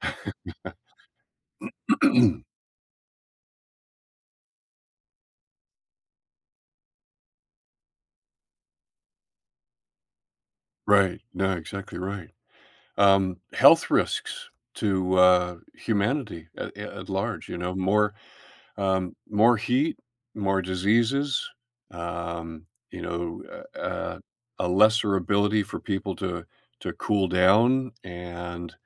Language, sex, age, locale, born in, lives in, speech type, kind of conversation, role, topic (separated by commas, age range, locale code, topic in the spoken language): English, male, 70-74, en-US, Canada, United States, conversation, podcast, guest, In what ways are we already seeing climate change affect our daily lives?